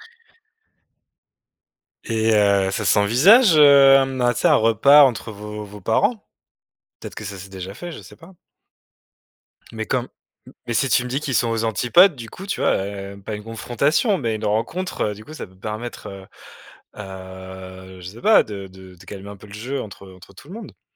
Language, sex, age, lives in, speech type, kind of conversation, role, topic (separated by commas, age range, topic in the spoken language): French, male, 35-39, France, conversation, advice, advisor, Comment décrirais-tu la pression familiale que tu ressens concernant ton choix de carrière ou de mariage ?